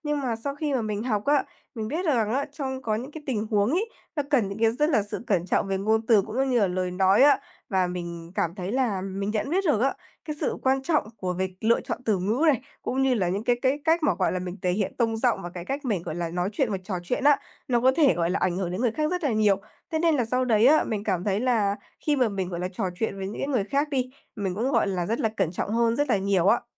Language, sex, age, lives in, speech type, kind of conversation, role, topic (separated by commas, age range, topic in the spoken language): Vietnamese, female, 20-24, Vietnam, conversation, unstructured, none, Bạn thấy môn học nào thú vị nhất ở trường?